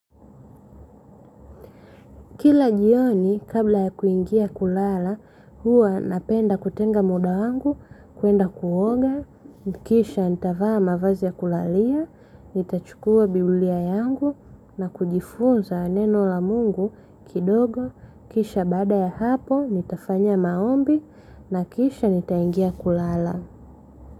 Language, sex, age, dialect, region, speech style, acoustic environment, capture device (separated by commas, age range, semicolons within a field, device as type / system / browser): Swahili, female, 25-34, Tanzania - Dar es Salaam, Tanzania, happy, silence, mobile / Linux / Chrome